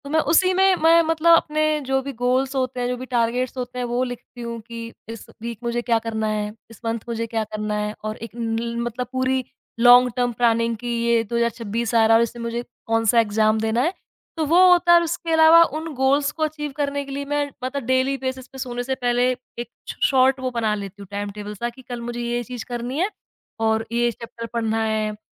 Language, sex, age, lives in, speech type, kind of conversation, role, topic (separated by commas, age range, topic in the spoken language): Hindi, female, 25-29, India, conversation, podcast, guest, Padhai aur kaam ke beech tum apna time kaise manage karte ho?